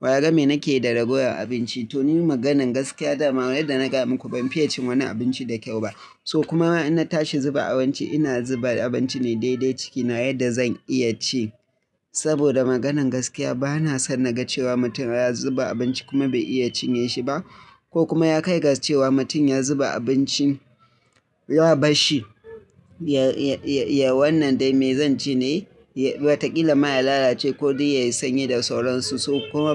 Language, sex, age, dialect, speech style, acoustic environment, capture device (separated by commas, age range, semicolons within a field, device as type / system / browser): Hausa, male, 25-34, Nigeria - Kano, happy, silence; nature, mobile / Linux / Chrome